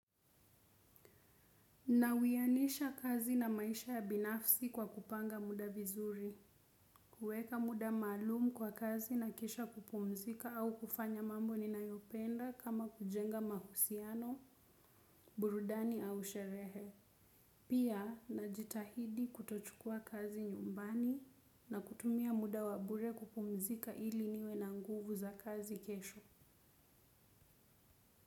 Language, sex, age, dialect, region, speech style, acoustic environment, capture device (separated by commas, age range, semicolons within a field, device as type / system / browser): Swahili, female, 18-24, Kenya - Nairobi Sheng-influenced, Kenya, relaxed; focused, silence, desktop / Windows / Chrome